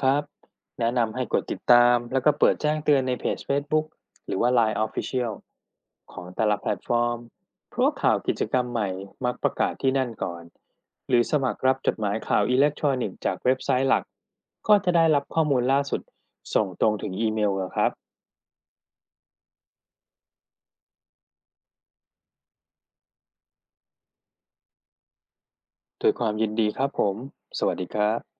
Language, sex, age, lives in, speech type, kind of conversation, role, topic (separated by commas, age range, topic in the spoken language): Thai, male, 40-44, Thailand, read, expert-assistant, expert, ถ้าอยากหาข้อมูลเกี่ยวกับสถานที่ท่องเที่ยวที่เน้นการท่องเที่ยวแบบยั่งยืนในไทย มีแหล่งข้อมูลหรือองค์กรไหนบ้างที่ควรติดตาม?